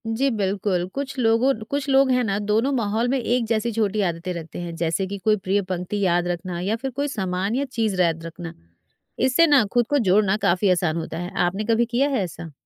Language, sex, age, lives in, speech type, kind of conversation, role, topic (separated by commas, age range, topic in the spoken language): Hindi, female, 40-44, India, read, expert-assistant, expert, क्या अलग-अलग भाषाओं के बोलने से मेरे व्यक्तित्व और जीवन के फैसलों पर असर पड़ता है? यदि हाँ, तो कैसे संतुलन बनाऊँ?